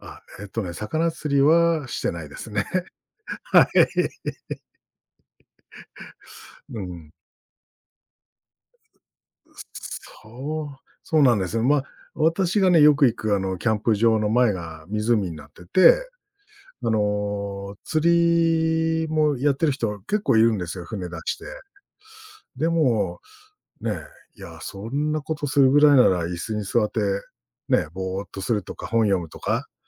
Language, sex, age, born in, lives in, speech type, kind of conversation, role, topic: Japanese, male, 45-49, Japan, Japan, conversation, podcast, guest, 久しぶりに趣味を再開してみて、どんな気持ちになりましたか？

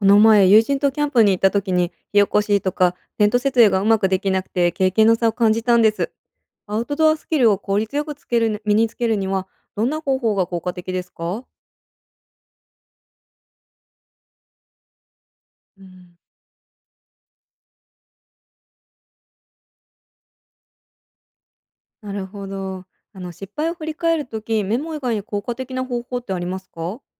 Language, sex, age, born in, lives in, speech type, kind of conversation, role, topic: Japanese, female, 30-34, Japan, United States, read, expert-assistant, asker, アウトドア活動のスキルを上達させるために、どのような学び方や挑戦が効果的だと感じますか？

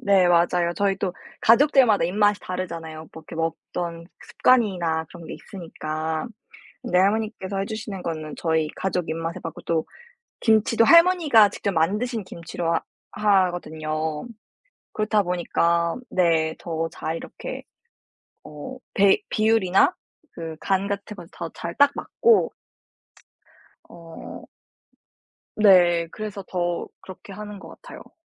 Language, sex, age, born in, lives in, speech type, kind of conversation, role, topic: Korean, female, 18-19, South Korea, United States, conversation, podcast, guest, 가족 전통 음식 레시피를 어떻게 전수받았나요?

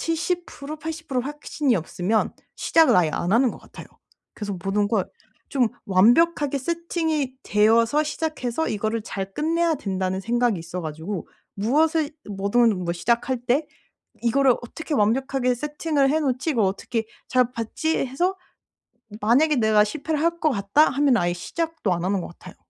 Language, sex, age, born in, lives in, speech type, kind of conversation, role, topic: Korean, female, 25-29, South Korea, Germany, conversation, advice, user, 어떻게 하면 완벽주의 때문에 실패에 대한 두려움을 줄일 수 있을까요?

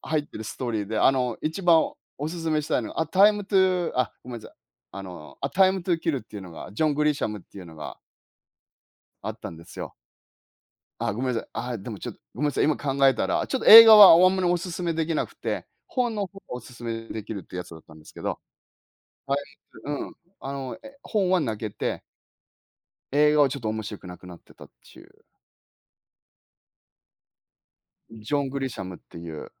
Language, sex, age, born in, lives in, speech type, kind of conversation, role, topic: Japanese, male, 50-54, Japan, Japan, conversation, unstructured, none, 本と映画では、物語を楽しむならどちらが良いですか？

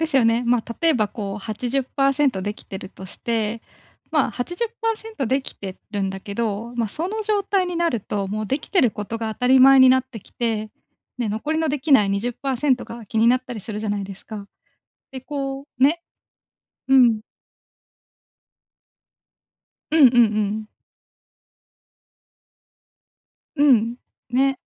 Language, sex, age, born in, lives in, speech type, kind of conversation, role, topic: Japanese, female, 25-29, Japan, Japan, conversation, advice, advisor, 褒められたとき、どうすれば素直に受け取れますか？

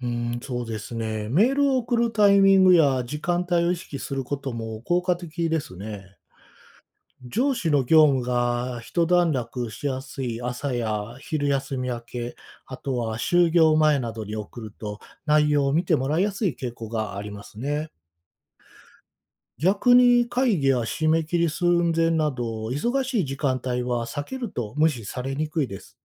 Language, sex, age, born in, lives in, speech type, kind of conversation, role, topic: Japanese, male, 45-49, Japan, Japan, read, expert-assistant, expert, 上司に意見を伝えるにはどうすれば効果的ですか？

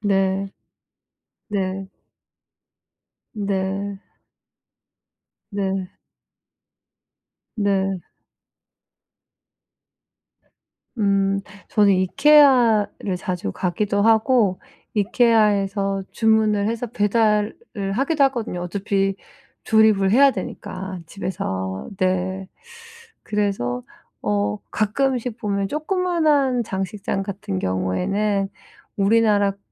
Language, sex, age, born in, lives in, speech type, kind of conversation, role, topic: Korean, female, 40-44, South Korea, United States, conversation, advice, user, 온라인 쇼핑에서 믿을 만한 제품을 어떻게 찾을 수 있을까요?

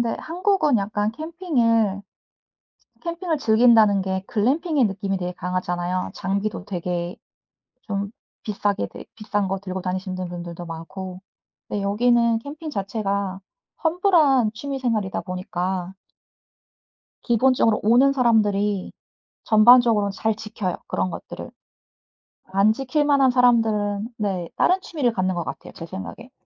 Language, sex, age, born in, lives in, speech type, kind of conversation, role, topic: Korean, female, 40-44, United States, United States, conversation, unstructured, none, 바다와 산 중 어디에서 더 휴식을 취하고 싶으신가요?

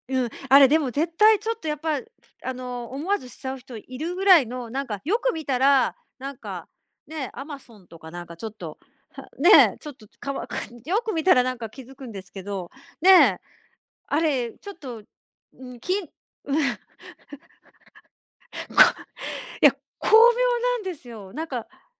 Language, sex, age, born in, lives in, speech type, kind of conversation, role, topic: Japanese, female, 50-54, Japan, Japan, conversation, podcast, host, 電子決済に切り替えてみて、どんな感想を持ちましたか？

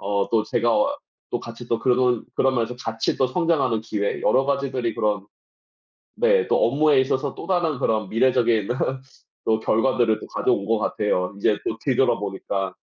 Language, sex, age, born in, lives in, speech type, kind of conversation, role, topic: Korean, male, 35-39, South Korea, United States, conversation, unstructured, none, 요즘 일하면서 가장 뿌듯했던 순간은 언제인가요?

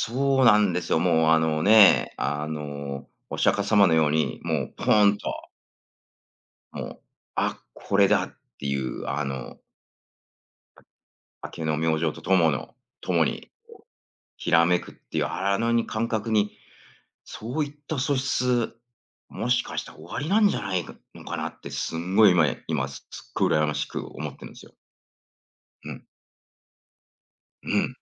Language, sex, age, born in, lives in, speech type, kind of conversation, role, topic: Japanese, male, 45-49, Japan, United States, conversation, podcast, host, アウトドアで一番心に残った瞬間は何ですか？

